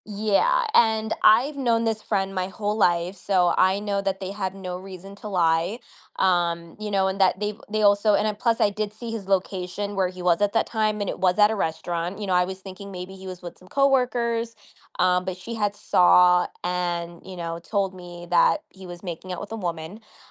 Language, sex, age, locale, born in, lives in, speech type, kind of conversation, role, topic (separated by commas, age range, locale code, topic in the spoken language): English, female, 25-29, en-US, United States, United States, conversation, advice, user, How can I start healing after a long-term relationship ended?